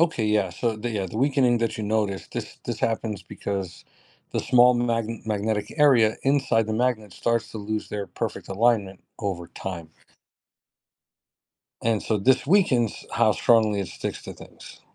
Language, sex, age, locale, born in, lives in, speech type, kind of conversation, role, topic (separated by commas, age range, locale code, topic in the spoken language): English, male, 40-44, en-US, United States, United States, read, expert-assistant, expert, What are the principles of magnetism and how do they apply to everyday life?